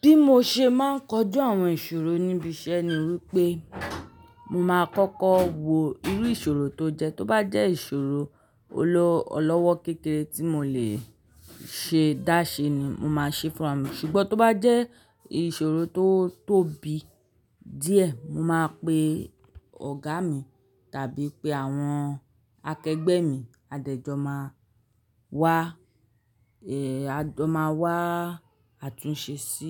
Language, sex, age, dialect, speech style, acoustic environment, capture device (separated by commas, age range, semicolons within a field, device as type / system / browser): Yoruba, male, 35-44, Nigeria - Lagos Yoruba, relaxed, people talking, mobile / Linux / Chrome